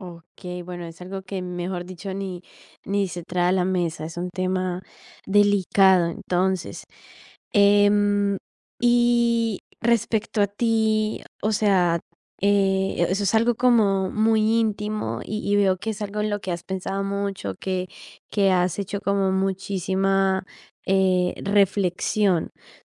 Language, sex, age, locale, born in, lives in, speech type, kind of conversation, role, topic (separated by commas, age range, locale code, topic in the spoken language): Spanish, female, 18-19, es-CO, Colombia, Italy, conversation, advice, advisor, ¿Qué dudas tienes sobre ser padre o madre y sobre el sentido de la vida familiar?